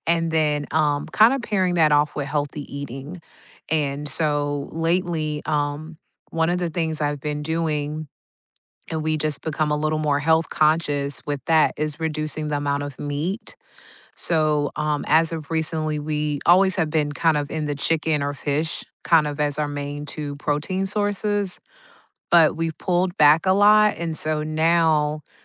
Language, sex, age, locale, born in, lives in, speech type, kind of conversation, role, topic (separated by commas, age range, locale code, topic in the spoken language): English, female, 40-44, en-US, United States, United States, conversation, unstructured, none, Which rituals or traditions matter most to you these days, and how do they help you feel connected?